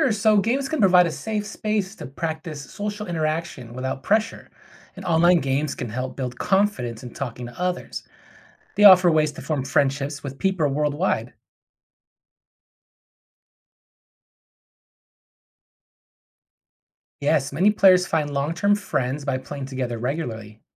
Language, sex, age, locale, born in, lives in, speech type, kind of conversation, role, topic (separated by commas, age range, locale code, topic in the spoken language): English, male, 40-44, en-US, United States, United States, read, expert-assistant, expert, How do video games affect social interaction among players?